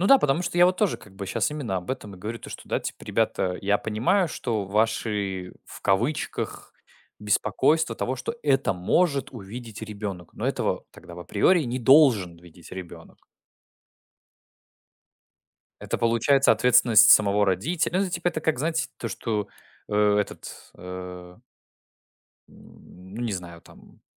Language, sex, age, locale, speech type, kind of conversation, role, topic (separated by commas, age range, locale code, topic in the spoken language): Russian, male, 20-24, ru-RU, conversation, unstructured, none, Как ты относишься к цензуре в фильмах и сериалах?